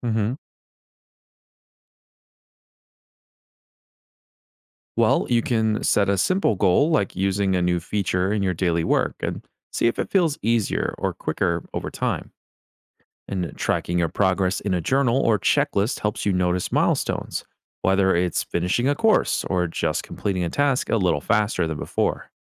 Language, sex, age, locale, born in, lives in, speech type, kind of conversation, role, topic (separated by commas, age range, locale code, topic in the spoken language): English, male, 25-29, en-US, United States, United States, read, expert-assistant, expert, Why is lifelong learning important beyond formal education?